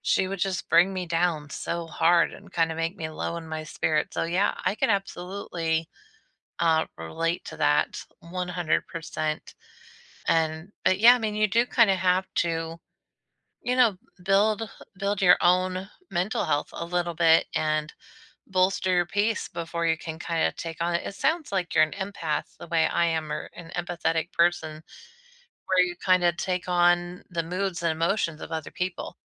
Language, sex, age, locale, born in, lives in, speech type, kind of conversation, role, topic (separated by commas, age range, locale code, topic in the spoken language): English, female, 40-44, en-US, United States, United States, conversation, unstructured, none, What little daily rituals make your mornings better?